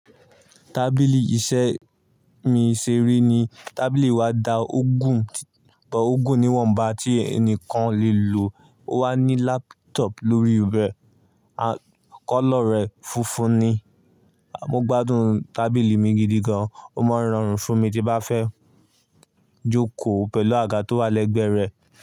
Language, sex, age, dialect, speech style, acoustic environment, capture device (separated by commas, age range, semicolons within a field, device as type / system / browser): Yoruba, male, 18-24, Nigeria - Ibadan, focused, nature, mobile / Linux / Chrome